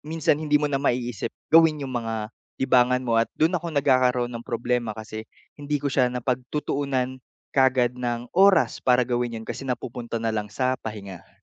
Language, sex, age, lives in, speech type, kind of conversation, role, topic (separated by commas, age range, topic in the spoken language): Filipino, male, 45-49, Philippines, conversation, advice, user, Paano ako makakahanap ng oras para maglibang sa gitna ng aking pagiging abala?